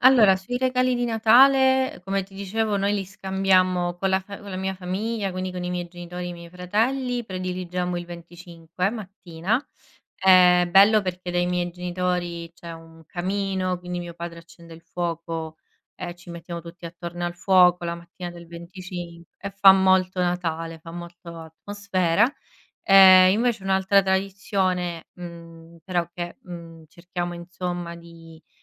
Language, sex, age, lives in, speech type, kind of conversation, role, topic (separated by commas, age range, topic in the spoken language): Italian, female, 30-34, Italy, conversation, podcast, guest, Qual è una tradizione di famiglia che continui a portare avanti?